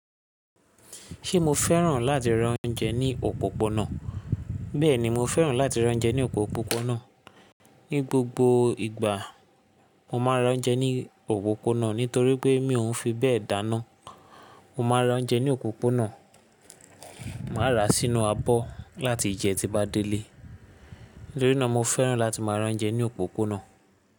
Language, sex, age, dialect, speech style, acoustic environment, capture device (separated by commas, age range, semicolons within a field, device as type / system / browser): Yoruba, male, 25-34, Nigeria - Lagos Yoruba, happy; focused; excited; relaxed, silence, mobile / Linux / Chrome